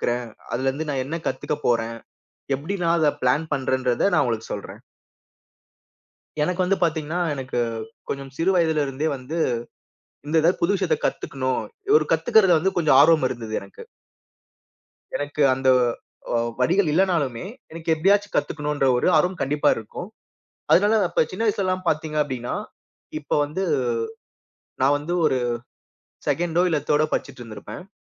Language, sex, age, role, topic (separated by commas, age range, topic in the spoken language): Tamil, male, 30-34, guest, நீங்கள் ஒரு புதிய விஷயத்தை கற்றுக்கொள்ளத் தொடங்கும்போது முதலில் என்ன செய்வீர்கள்?